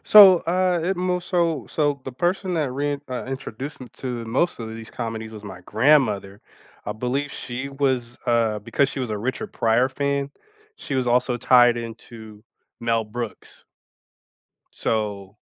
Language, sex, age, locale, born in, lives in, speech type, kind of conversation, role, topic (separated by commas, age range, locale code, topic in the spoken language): English, male, 35-39, en-US, United States, United States, conversation, unstructured, none, Which overlooked comedies are your cozy weekend comfort watches, and what makes them perfect for you?